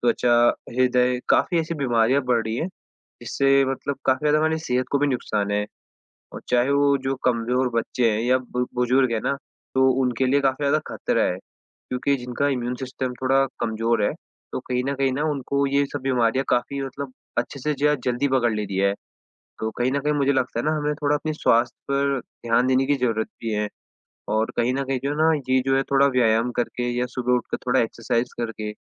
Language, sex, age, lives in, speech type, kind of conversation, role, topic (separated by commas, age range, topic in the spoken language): Hindi, male, 18-19, India, conversation, unstructured, none, जलवायु परिवर्तन से आम लोगों की ज़िंदगी कैसे प्रभावित होती है?